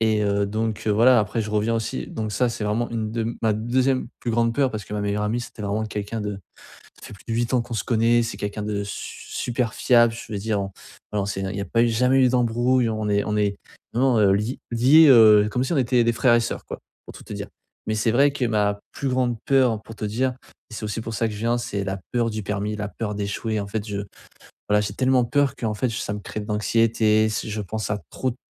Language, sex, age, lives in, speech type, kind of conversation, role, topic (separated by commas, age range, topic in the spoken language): French, male, 20-24, France, conversation, advice, user, Comment puis-je surmonter ma peur de l’échec dans mes projets ?